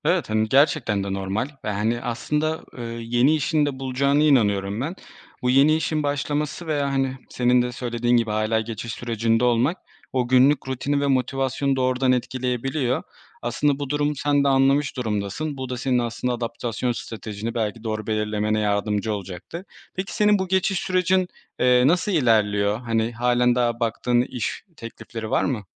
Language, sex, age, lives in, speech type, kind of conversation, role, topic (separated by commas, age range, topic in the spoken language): Turkish, male, 25-29, Poland, conversation, advice, advisor, İşten çıkarıldıktan sonra geleceğin belirsizliği ve yeni bir işe alışma süreci hakkında nasıl hissediyorsun?